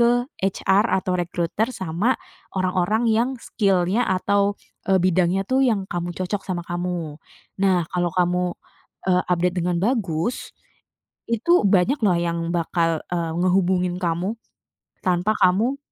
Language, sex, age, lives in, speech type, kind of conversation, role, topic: Indonesian, female, 30-34, Indonesia, conversation, podcast, guest, Apa tipsmu untuk mencari pekerjaan yang paling cocok buatmu?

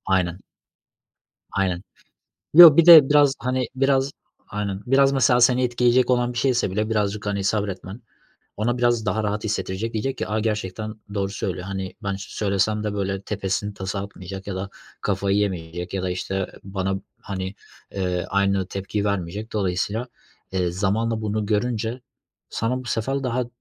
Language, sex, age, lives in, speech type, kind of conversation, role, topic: Turkish, male, 25-29, Germany, conversation, unstructured, none, Anlaşmazlıkları genellikle nasıl çözersin?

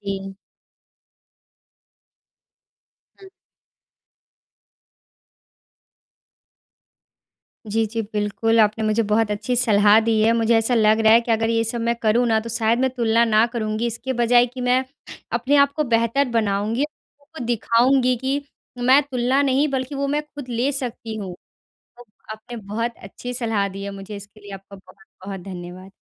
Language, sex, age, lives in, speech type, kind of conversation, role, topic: Hindi, female, 20-24, India, conversation, advice, user, मैं हमेशा दूसरों से अपनी तुलना क्यों करता/करती हूँ और इसे कैसे रोकूँ?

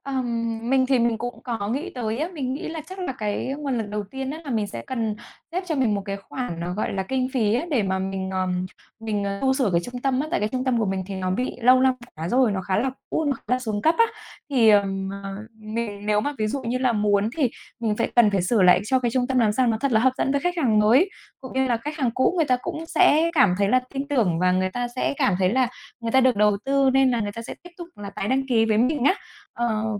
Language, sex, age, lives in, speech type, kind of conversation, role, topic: Vietnamese, female, 35-39, Vietnam, conversation, advice, user, Làm thế nào để cải thiện giấc ngủ khi bạn bị mất ngủ vì áp lực doanh thu và mục tiêu tăng trưởng?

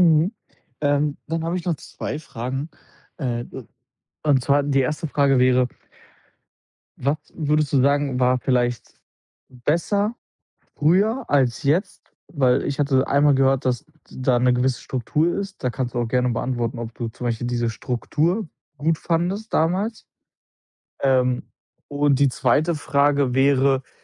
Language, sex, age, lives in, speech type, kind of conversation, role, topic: German, male, 25-29, Germany, conversation, advice, advisor, Wie kann ich anspruchsvolle Ziele mit meinem Wohlbefinden vereinbaren, ohne mich dabei zu hart zu verurteilen?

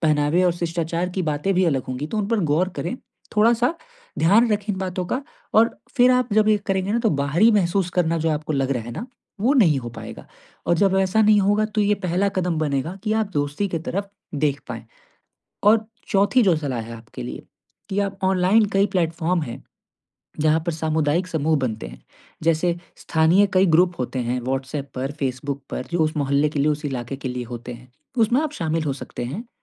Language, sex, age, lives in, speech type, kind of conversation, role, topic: Hindi, male, 20-24, India, conversation, advice, advisor, मैं नए शहर में स्थानीय समुदाय से कैसे जुड़ूँ और दोस्त कैसे बनाऊँ?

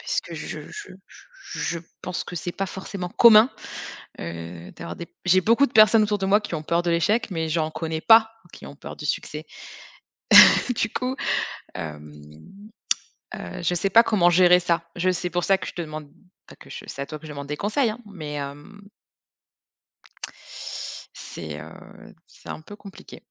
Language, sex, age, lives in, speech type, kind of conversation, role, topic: French, female, 30-34, France, conversation, advice, user, Comment est-ce que je sabote mes progrès par peur de réussir ou d’échouer ?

